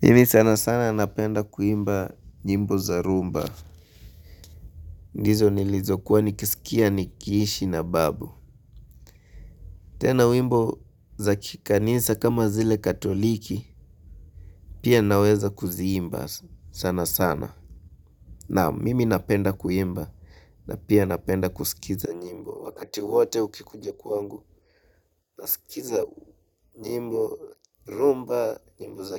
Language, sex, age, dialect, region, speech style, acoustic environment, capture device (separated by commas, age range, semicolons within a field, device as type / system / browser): Swahili, male, 18-24, Kenya - Nairobi Sheng-influenced, Kenya, focused, silence, mobile / Linux / Chrome